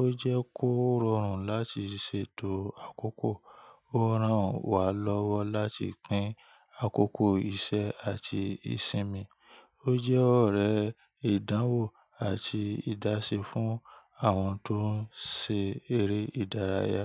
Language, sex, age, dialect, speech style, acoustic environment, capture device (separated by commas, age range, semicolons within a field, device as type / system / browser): Yoruba, male, 25-34, Nigeria - Ibadan, relaxed, nature, mobile / Linux / Chrome